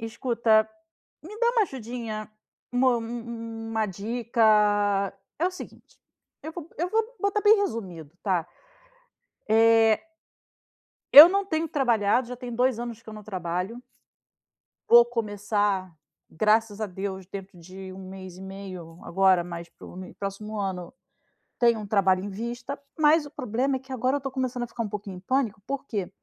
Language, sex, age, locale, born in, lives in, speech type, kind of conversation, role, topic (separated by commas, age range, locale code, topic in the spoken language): Portuguese, female, 40-44, pt-BR, Brazil, Spain, conversation, advice, user, Por que sinto um cansaço que não melhora com descanso e será que pode ser burnout?